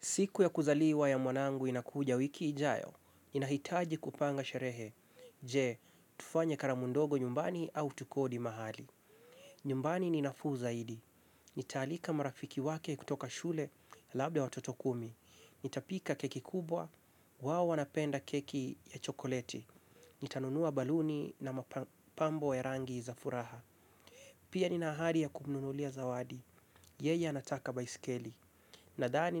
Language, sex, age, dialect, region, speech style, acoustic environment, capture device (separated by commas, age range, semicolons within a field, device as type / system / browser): Swahili, male, 25-34, Kenya - Mombasa, Kenya, focused; relaxed, silence, mobile / Linux / Chrome